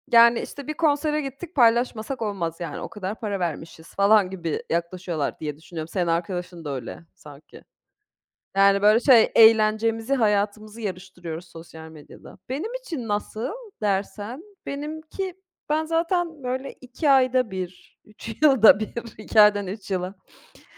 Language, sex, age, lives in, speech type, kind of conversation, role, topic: Turkish, female, 40-44, Austria, conversation, unstructured, none, Sosyal medyada gerçek benliğini gösterebiliyor musun?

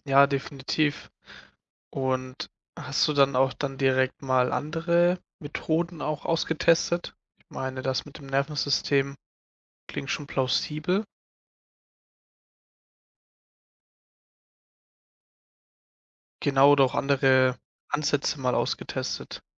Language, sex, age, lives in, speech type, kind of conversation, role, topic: German, male, 20-24, Germany, conversation, podcast, host, Wie gehst du trotz Mentoring mit dem Hochstapler-Syndrom um?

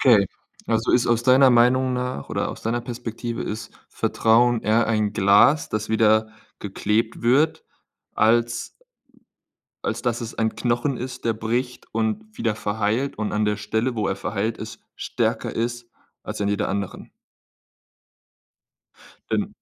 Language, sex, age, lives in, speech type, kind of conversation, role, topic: German, male, 25-29, Germany, conversation, podcast, host, Wie erkennst du, ob Vertrauen echt oder gespielt ist?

